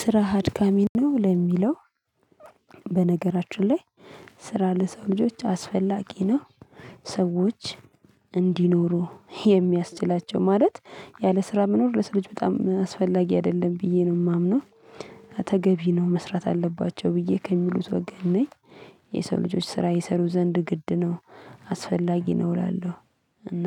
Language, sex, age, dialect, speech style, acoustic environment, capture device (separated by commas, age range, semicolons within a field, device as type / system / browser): Amharic, female, 25-34, Ethiopia - Addis Ababa, relaxed, music; people talking, mobile / Linux / Chrome